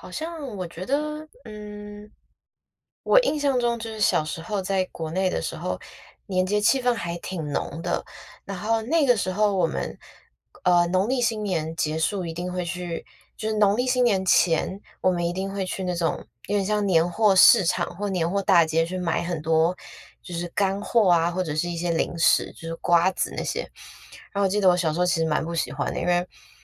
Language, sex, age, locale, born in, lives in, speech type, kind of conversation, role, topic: Chinese, female, 25-29, zh-TW, Taiwan, United States, conversation, podcast, guest, 你觉得和家人朋友一起过节有什么特别的意义？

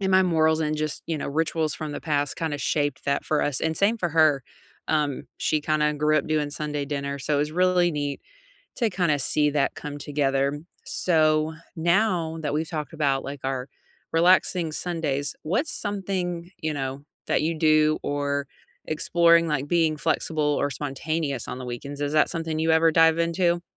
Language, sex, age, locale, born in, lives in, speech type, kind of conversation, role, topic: English, female, 35-39, en-US, United States, United States, conversation, unstructured, none, What weekend rituals help you recharge?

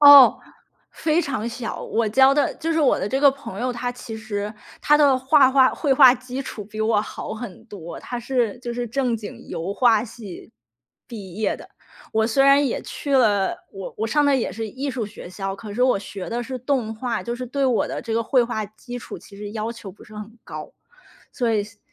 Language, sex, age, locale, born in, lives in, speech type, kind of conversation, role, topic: Chinese, female, 30-34, zh-CN, China, United States, conversation, podcast, guest, 如何在不辞职的情况下尝试进入新的领域？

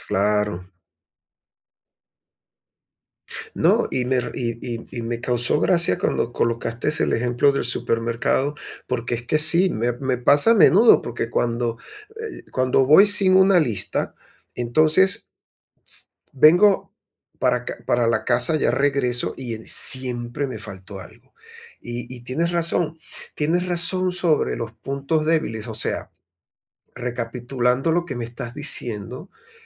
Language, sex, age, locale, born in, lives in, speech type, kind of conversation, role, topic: Spanish, male, 55-59, es-VE, Venezuela, Portugal, conversation, advice, user, ¿Cómo puedo mantener la calidad y los resultados al delegar tareas importantes a mi equipo?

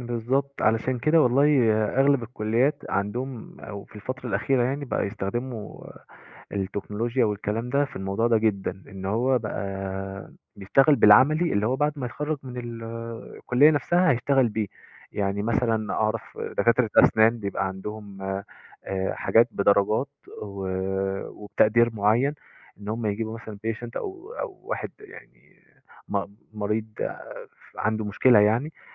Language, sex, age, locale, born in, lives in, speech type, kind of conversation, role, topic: Arabic, male, 20-24, ar-EG, Egypt, Egypt, conversation, unstructured, none, إزاي التكنولوجيا ممكن تحسّن التعليم؟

